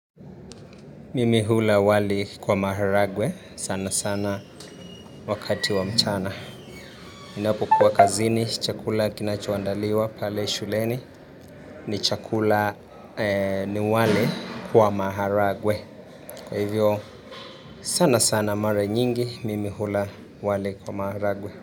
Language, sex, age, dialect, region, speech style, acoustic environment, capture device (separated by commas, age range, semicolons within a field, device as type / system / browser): Swahili, male, 18-24, Kenya - Nairobi Sheng-influenced, Kenya, relaxed; focused, nature, mobile / Linux / Chrome